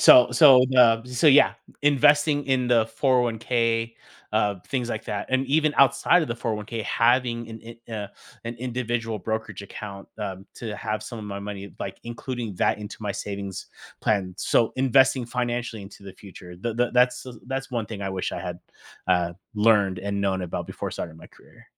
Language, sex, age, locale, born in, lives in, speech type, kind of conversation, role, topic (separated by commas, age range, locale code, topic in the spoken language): English, male, 35-39, en-US, United States, United States, conversation, podcast, guest, What important lessons do people often learn too late in their careers?